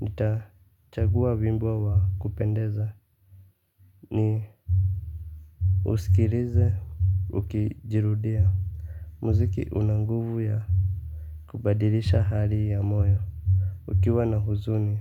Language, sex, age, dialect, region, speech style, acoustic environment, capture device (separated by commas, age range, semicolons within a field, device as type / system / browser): Swahili, male, 25-34, Kenya - Nairobi Sheng-influenced, Kenya, tired, silence, mobile / Linux / Chrome